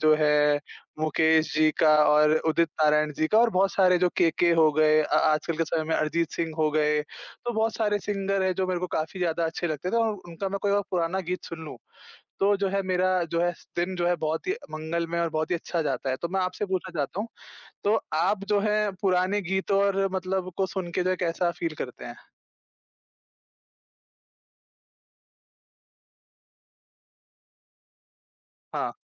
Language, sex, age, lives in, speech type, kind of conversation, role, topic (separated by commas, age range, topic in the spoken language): Hindi, male, 20-24, India, conversation, unstructured, none, कौन सा पुराना गाना सुनकर आपकी पुरानी यादें ताज़ा हो जाती हैं?